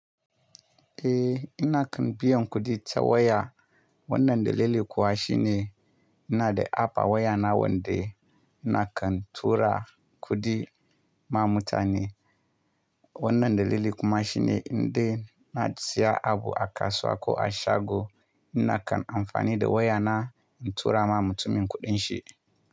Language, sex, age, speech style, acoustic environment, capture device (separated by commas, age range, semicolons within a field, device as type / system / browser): Hausa, male, 25-34, relaxed; happy, silence; nature, mobile / Linux / Chrome